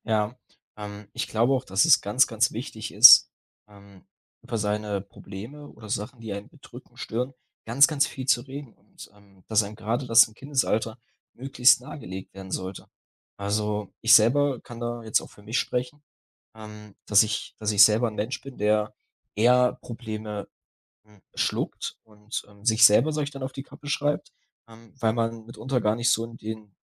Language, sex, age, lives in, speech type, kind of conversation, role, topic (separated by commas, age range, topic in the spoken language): German, male, 20-24, Germany, conversation, podcast, host, Wie wurden Gefühle bei euch zuhause ausgedrückt?